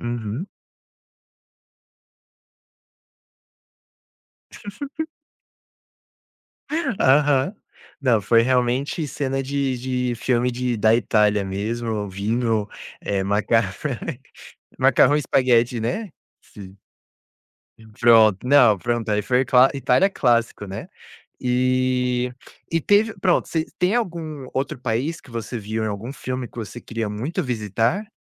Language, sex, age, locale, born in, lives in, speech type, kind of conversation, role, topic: Portuguese, male, 20-24, pt-BR, Brazil, United States, conversation, podcast, host, Você pode me contar sobre um encontro que parecia cena de filme?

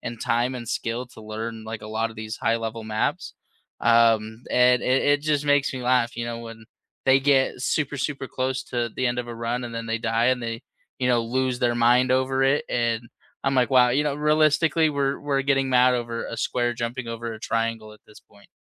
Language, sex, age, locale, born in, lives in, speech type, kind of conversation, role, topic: English, male, 25-29, en-US, United States, United States, conversation, unstructured, none, What video, board, or party games do you swear by for game night?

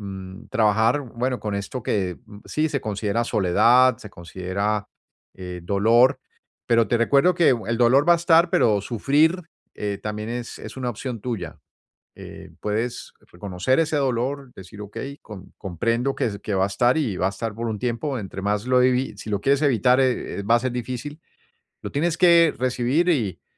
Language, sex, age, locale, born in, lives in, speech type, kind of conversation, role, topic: Spanish, male, 55-59, es-US, Colombia, United States, conversation, advice, advisor, ¿Cómo puedo manejar la soledad y cuidar mi bienestar emocional después de mudarme?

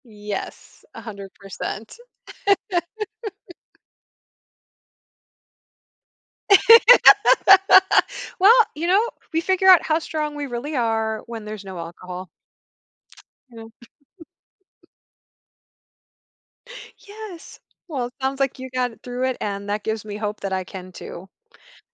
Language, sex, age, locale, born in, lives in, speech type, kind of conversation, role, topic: English, female, 45-49, en-US, United States, United States, conversation, advice, user, How can i balance my work responsibilities while making time for my family?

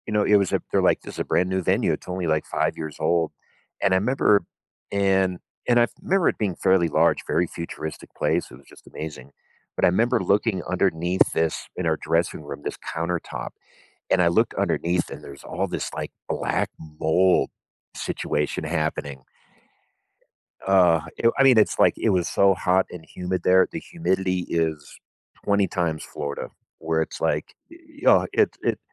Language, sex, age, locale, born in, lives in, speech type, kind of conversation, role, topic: English, male, 45-49, en-US, United States, United States, conversation, podcast, guest, How can travel change your perspective on life?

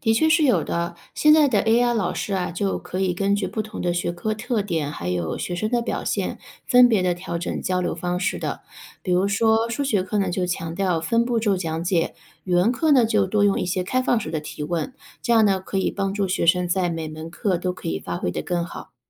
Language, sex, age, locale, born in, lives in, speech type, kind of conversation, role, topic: Chinese, female, 35-39, zh-CN, China, United States, read, expert-assistant, expert, 有没有什么比喻可以形容人工智能老师和传统老师的不同？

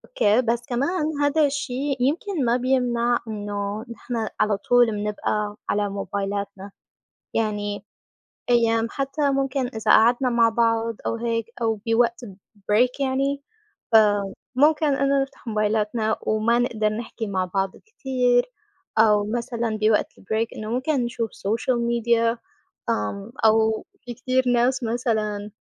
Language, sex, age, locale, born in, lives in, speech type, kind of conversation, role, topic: Arabic, female, 25-29, ar-SY, Saudi Arabia, United States, conversation, unstructured, none, إيه رأيك في تأثير الموبايل على تركيزنا اليومي؟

